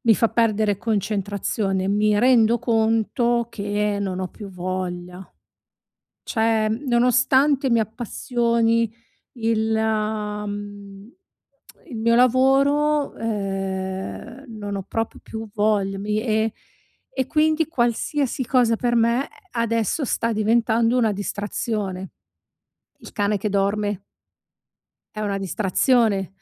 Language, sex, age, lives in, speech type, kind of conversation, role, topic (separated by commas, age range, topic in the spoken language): Italian, female, 40-44, Italy, conversation, advice, user, Come posso eliminare le distrazioni per concentrarmi sul lavoro profondo?